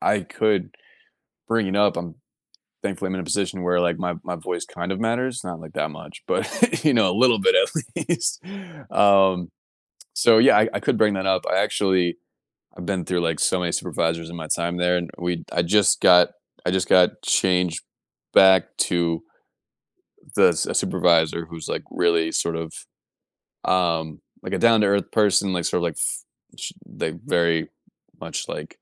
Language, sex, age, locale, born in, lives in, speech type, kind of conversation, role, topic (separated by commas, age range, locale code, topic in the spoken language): English, male, 30-34, en-US, United States, United States, conversation, advice, user, How can I improve communication with coworkers?